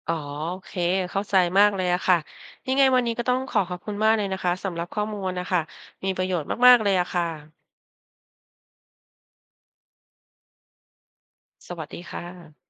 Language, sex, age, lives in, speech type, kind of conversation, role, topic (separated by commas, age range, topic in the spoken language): Thai, female, 50-54, Thailand, read, expert-assistant, asker, มีวิธีไหนบ้างที่ช่วยให้เราเข้าใจข้อมูลสุขภาพสาธารณะได้ง่ายขึ้นโดยไม่สับสนกับข่าวปลอม?